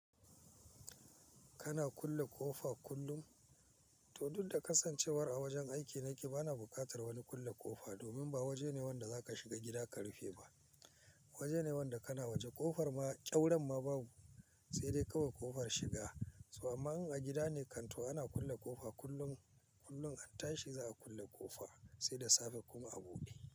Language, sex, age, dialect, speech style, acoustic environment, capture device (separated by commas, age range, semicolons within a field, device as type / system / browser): Hausa, male, 45-54, Nigeria - Kano, focused, silence, mobile / Linux / Chrome